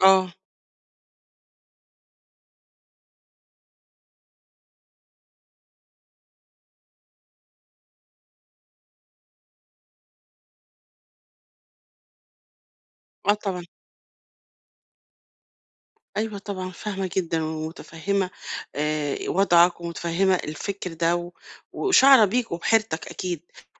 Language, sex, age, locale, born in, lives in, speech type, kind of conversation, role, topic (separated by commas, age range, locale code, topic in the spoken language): Arabic, female, 50-54, ar-EG, Egypt, Portugal, conversation, advice, advisor, إزاي أتعامل مع القلق من مستقبل مش واضح وفقدان اللي كنت متعوّد عليه؟